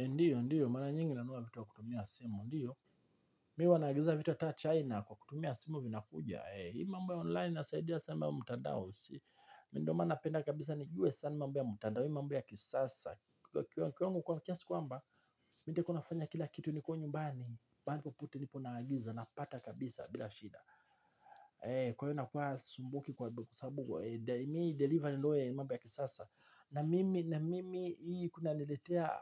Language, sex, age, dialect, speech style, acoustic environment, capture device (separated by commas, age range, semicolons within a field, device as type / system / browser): Swahili, male, 45-54, Tanzania - Dar es Salaam, happy, people talking, mobile / Linux / Chrome